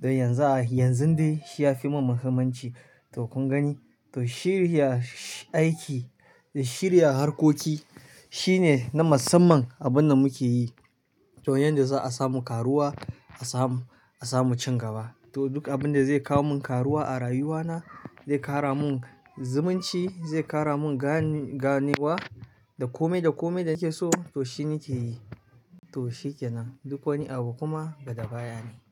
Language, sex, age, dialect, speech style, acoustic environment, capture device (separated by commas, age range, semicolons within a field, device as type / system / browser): Hausa, male, 25-34, Nigeria - Kano, relaxed; happy, silence, mobile / Linux / Chrome